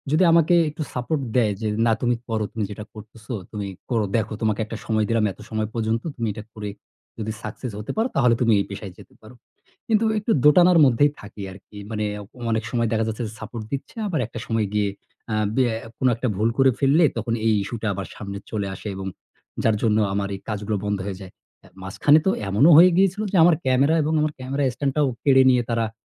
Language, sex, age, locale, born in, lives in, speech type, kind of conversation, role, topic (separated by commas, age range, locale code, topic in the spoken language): Bengali, male, 35-39, bn-BD, Bangladesh, Bangladesh, conversation, advice, user, সৃজনশীল কাজ প্রকাশ করতে গিয়ে সমালোচনার ভয়ে আপনি কি কখনও স্থবির হয়ে পড়েছেন?